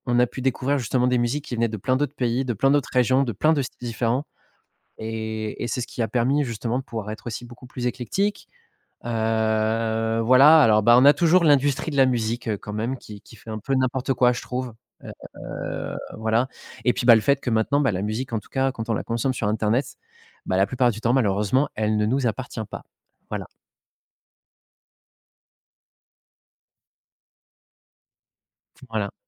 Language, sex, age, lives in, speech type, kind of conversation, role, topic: French, male, 30-34, France, conversation, podcast, guest, Comment Internet a-t-il modifié ta manière de découvrir la musique ?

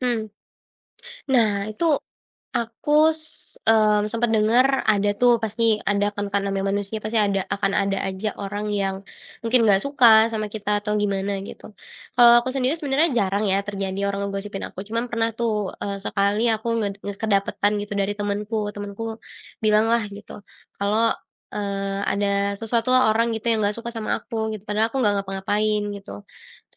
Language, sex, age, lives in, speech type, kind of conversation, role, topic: Indonesian, female, 20-24, Indonesia, conversation, podcast, guest, Bagaimana cara menghadapi gosip yang merusak kepercayaan menurutmu?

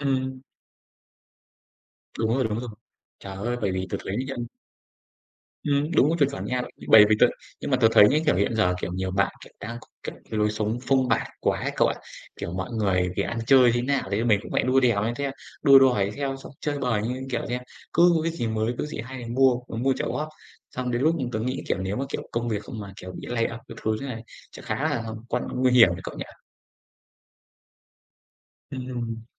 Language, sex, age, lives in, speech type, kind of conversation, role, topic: Vietnamese, male, 25-29, Vietnam, conversation, unstructured, none, Bạn nghĩ sao về việc tiết kiệm tiền mỗi tháng?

